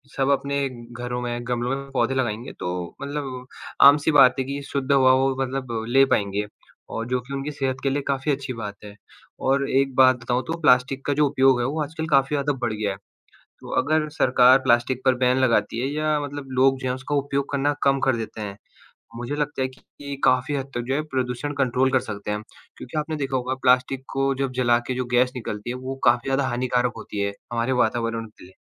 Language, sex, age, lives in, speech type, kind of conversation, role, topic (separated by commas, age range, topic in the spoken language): Hindi, male, 18-19, India, conversation, unstructured, none, पेड़ लगाने से हमारे जीवन में क्या बदलाव आते हैं?